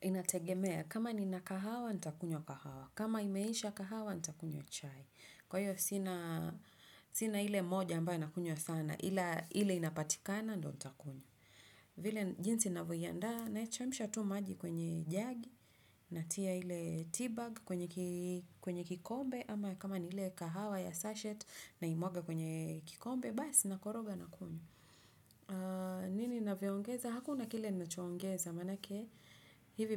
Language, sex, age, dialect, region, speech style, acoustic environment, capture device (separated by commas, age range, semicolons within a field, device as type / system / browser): Swahili, female, 35-44, Kenya - Nairobi Sheng-influenced, Kenya, focused, nature; silence; appliances, desktop / Windows / Chrome